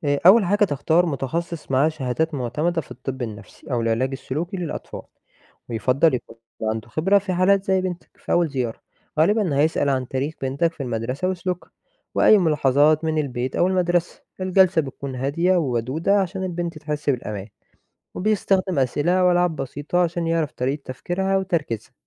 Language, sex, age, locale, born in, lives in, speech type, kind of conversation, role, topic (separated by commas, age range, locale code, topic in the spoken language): Arabic, male, 20-24, ar-EG, Egypt, Egypt, read, expert-assistant, expert, ليه بعض الناس بتواجه مشكلة في التركيز أو بتنسى حاجات كتير بسرعة؟ إيه الأسباب العصبية اللي ممكن تكون ورا الموضوع ده؟